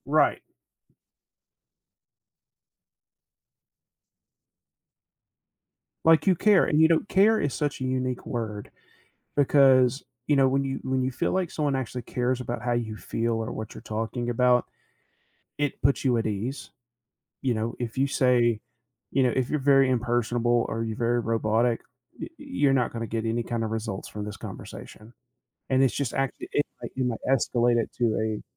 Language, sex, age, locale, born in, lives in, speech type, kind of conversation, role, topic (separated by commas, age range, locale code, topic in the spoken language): English, male, 40-44, en-US, United States, United States, conversation, podcast, guest, What are effective ways to resolve misunderstandings while maintaining respect and understanding?